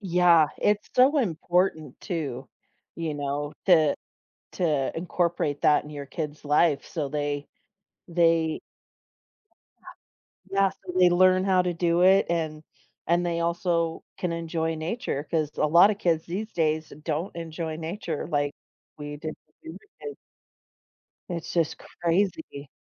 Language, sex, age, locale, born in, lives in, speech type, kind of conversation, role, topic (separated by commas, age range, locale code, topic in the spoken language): English, female, 40-44, en-US, United States, United States, conversation, unstructured, none, What are some ways people find comfort and unwind after a busy day?